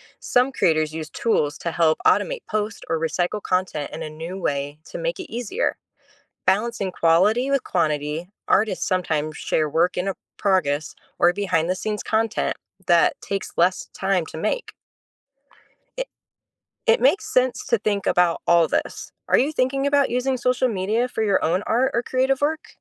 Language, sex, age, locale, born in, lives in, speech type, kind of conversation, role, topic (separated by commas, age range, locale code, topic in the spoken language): English, female, 30-34, en-US, United States, United States, read, expert-assistant, expert, How does art reflect and influence society?